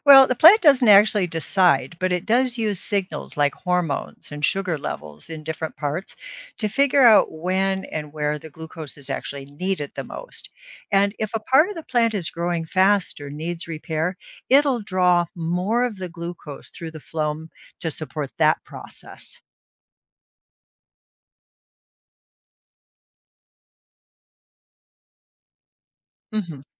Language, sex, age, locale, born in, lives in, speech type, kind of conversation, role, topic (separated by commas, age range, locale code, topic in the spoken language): English, female, 65-69, en-US, United States, United States, read, expert-assistant, expert, How does photosynthesis work in plants?